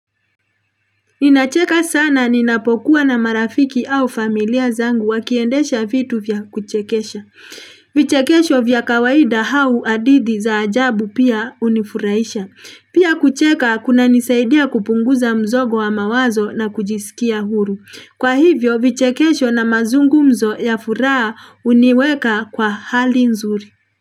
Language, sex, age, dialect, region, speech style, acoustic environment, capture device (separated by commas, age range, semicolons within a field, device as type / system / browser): Swahili, female, 35-44, Kenya - Nairobi Sheng-influenced, Kenya, happy; relaxed; excited; focused, people talking; traffic; construction; appliances; nature, mobile / Linux / Chrome